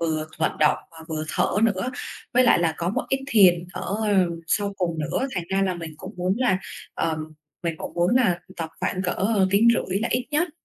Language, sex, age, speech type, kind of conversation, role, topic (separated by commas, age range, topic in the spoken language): Vietnamese, female, 25-29, conversation, advice, user, Làm sao để bắt đầu một thói quen mới khi bạn có quá nhiều lựa chọn?